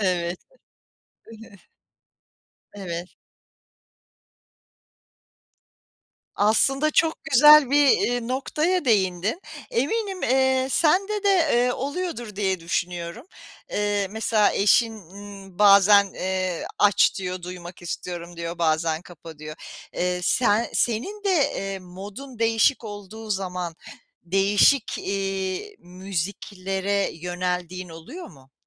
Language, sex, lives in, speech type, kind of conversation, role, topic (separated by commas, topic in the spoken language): Turkish, female, United States, conversation, podcast, host, Müzik zevkini nasıl tanımlarsın ve hangi kaynaklardan etkileniyorsun?